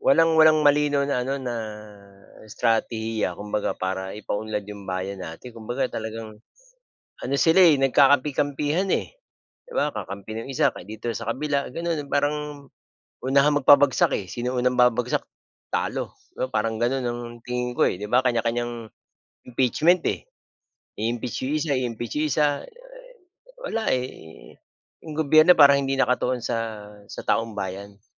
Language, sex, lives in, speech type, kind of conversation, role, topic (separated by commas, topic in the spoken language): Filipino, male, Philippines, conversation, unstructured, none, Paano mo tinitingnan ang kasalukuyang kalagayan ng pamahalaan sa bansa?